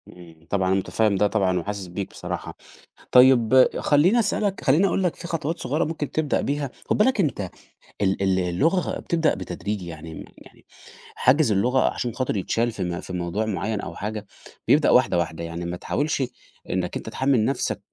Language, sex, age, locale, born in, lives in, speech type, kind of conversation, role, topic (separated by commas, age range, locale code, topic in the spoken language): Arabic, male, 30-34, ar-EG, Egypt, Portugal, conversation, advice, advisor, إزاي بتواجه تحديات اللغة في كلامك اليومي وإحساسك بالإحراج؟